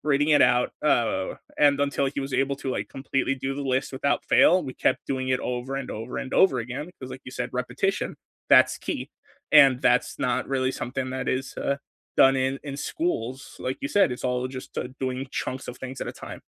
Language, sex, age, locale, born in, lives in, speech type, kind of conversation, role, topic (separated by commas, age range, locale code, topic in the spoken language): English, male, 20-24, en-US, United States, United States, conversation, unstructured, none, What is the most surprising thing you have learned outside the classroom?